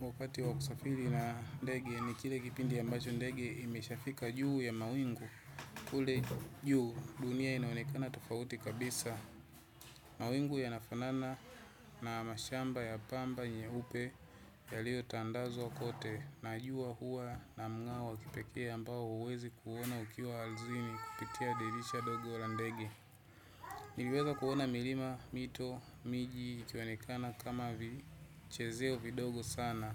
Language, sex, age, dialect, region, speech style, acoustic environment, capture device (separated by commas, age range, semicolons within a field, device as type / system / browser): Swahili, male, 25-34, Tanzania - Dar es Salaam, Tanzania, relaxed, people talking; nature; traffic, desktop / Windows / Chrome